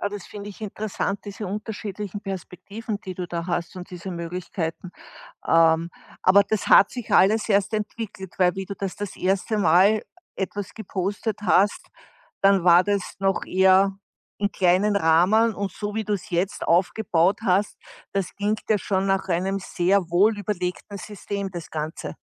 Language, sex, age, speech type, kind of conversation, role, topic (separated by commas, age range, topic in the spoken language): German, female, 60-64, conversation, podcast, host, Kannst du von einem Moment erzählen, in dem du mutig etwas geteilt hast?